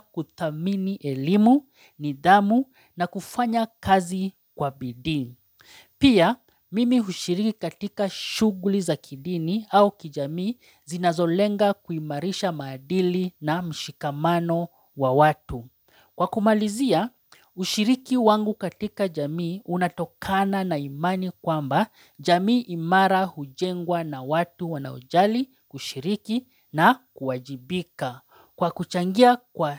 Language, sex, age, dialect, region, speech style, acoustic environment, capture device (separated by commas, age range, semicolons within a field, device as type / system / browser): Swahili, male, 35-44, Kenya - Mombasa, Kenya, relaxed, silence, mobile / Linux / Chrome